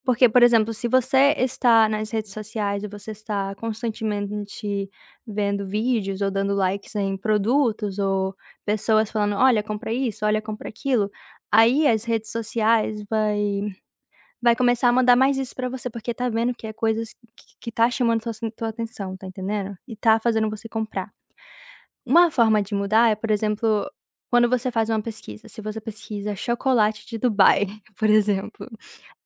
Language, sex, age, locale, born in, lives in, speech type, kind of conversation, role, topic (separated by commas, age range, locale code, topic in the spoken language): Portuguese, female, 20-24, pt-BR, Brazil, United States, conversation, advice, advisor, Quais são seus gastos impulsivos e como eles afetam seu orçamento mensal?